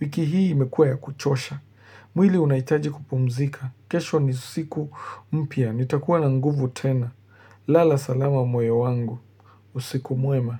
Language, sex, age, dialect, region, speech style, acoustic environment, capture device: Swahili, male, 25-34, Kenya - Nairobi Sheng-influenced, Kenya, relaxed, nature, mobile / Linux / Chrome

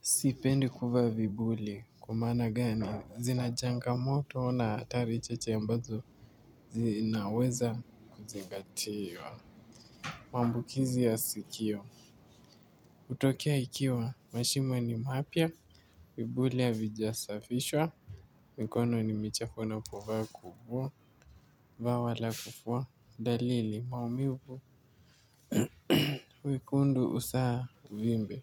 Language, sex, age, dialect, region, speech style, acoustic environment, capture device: Swahili, male, 18-24, Kenya - Mombasa, Kenya, relaxed; focused, nature; appliances; silence, mobile / Linux / Chrome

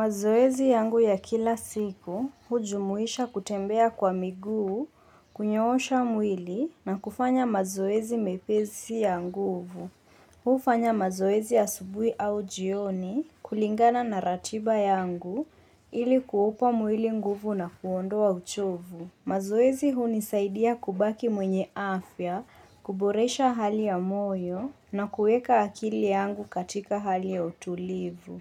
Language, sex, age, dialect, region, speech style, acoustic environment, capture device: Swahili, female, 25-34, Kenya - Mombasa, Kenya, focused, nature; silence, mobile / Linux / Chrome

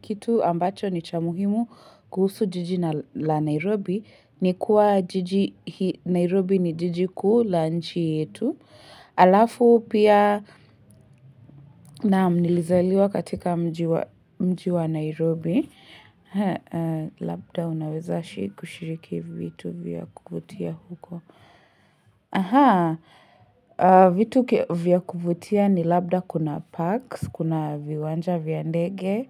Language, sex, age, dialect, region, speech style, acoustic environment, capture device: Swahili, female, 18-24, Kenya - Nairobi Sheng-influenced, Kenya, anxious; nervous, silence, mobile / Linux / Chrome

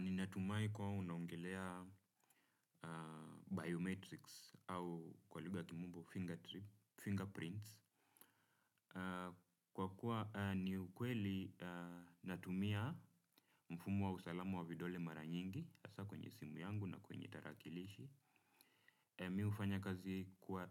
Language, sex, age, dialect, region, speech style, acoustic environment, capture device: Swahili, male, 25-34, Kenya - Nairobi Sheng-influenced, Kenya, relaxed, nature; silence, desktop / Windows / Chrome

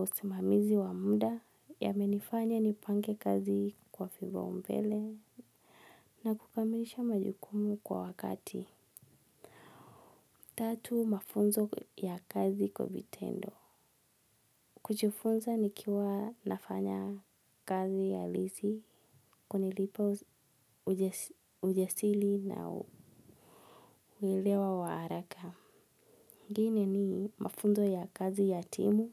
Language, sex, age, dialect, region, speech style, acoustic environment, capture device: Swahili, female, 25-34, Kenya - Nairobi Sheng-influenced, Kenya, relaxed, silence, mobile / Linux / Edge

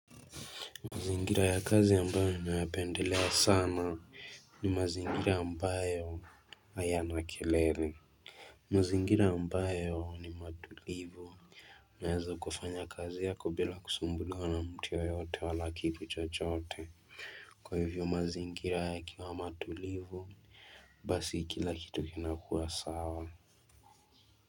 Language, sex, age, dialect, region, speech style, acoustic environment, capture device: Swahili, male, 18-24, Kenya - Mombasa, Kenya, focused, silence, mobile / Linux / Chrome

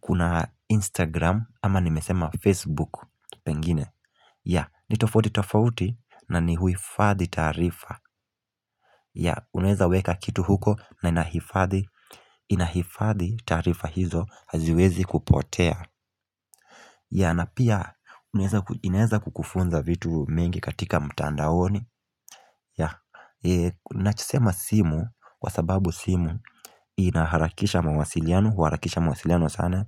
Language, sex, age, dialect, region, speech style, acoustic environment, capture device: Swahili, male, 18-24, Kenya - Mombasa, Kenya, happy, nature, mobile / Linux / Chrome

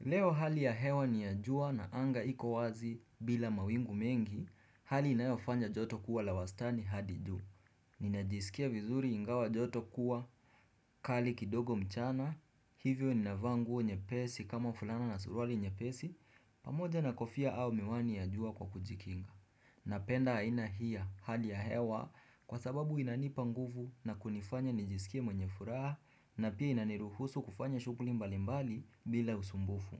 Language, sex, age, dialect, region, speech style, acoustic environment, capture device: Swahili, male, 18-24, Kenya - Mombasa, Kenya, happy, silence, desktop / Windows / Chrome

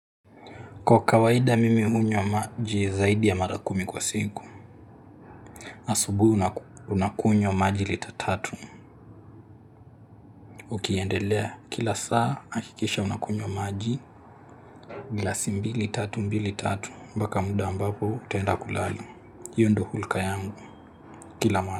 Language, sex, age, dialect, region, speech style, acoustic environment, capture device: Swahili, male, 18-24, Kenya - Nairobi Sheng-influenced, Kenya, relaxed; focused, silence, mobile / Linux / Chrome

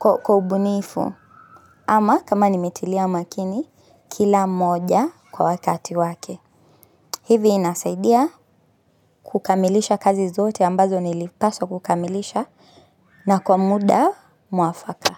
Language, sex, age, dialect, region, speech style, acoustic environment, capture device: Swahili, female, 25-34, Kenya - Nairobi Sheng-influenced, Kenya, anxious; happy; focused, people talking; nature, mobile / Linux / Chrome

